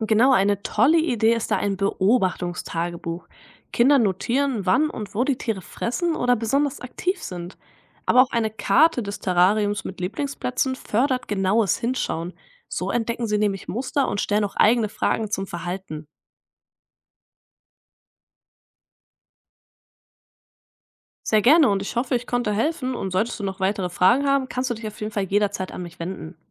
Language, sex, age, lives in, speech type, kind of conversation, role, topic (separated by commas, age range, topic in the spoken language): German, female, 20-24, Germany, read, expert-assistant, expert, Wenn man mehr über Tiere lernen will – ist ein Aquarium oder ein Terrarium die bessere Wahl?